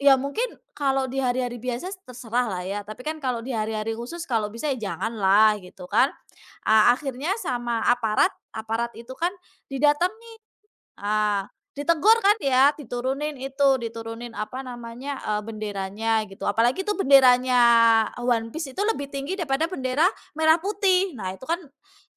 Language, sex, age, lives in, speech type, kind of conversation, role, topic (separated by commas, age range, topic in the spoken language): Indonesian, female, 30-34, Indonesia, conversation, unstructured, none, Apa pendapatmu tentang berita hoaks yang sering beredar di media sosial?